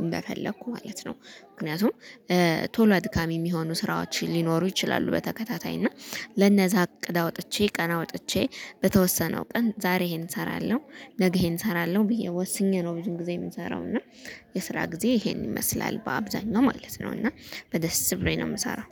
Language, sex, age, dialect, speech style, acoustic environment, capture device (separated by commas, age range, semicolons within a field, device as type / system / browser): Amharic, female, 25-34, Ethiopia - Gondar, focused, nature, mobile / Linux / Chrome